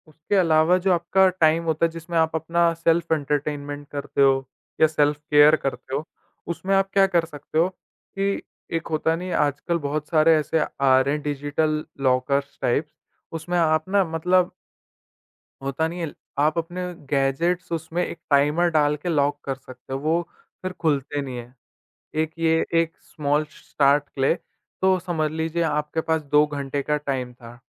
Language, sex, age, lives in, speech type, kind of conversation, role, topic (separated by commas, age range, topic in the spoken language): Hindi, male, 18-19, India, conversation, podcast, guest, Work-life balance ke liye tum tech-free zones kaise decide karte ho?